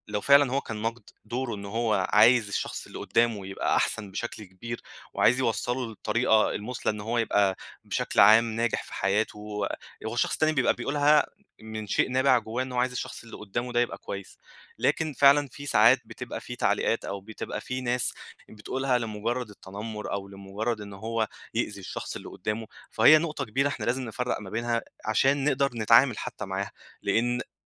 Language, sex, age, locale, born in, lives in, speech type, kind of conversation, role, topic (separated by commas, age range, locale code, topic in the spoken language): Arabic, male, 25-29, ar-EG, Egypt, Egypt, conversation, advice, advisor, إزاي أتعامل مع النقد بشكل بنّاء من غير ما أحس بالإحباط أو أبقى في موقف دفاعي؟